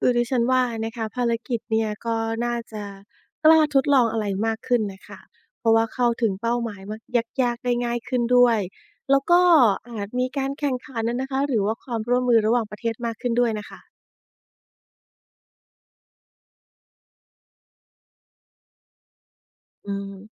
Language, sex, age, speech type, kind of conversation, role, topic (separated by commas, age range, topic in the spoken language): Thai, female, 40-44, read, expert-assistant, asker, ถ้าต้องจัดลำดับดาวเคราะห์หรือดวงจันทร์ที่มีศักยภาพจะพบชีวิตมากที่สุดในระบบสุริยะของเรา คุณคิดว่าควรให้ความสำคัญกับที่ไหนก่อน และเพราะอะไร?